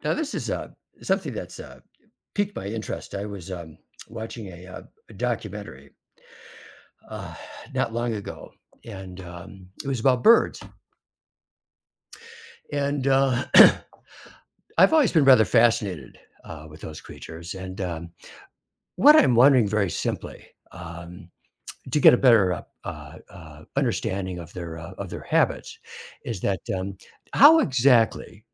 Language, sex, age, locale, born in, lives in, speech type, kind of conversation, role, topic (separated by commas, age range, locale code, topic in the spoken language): English, male, 70-74, en-US, United States, United States, read, expert-assistant, asker, How do birds navigate during long migrations?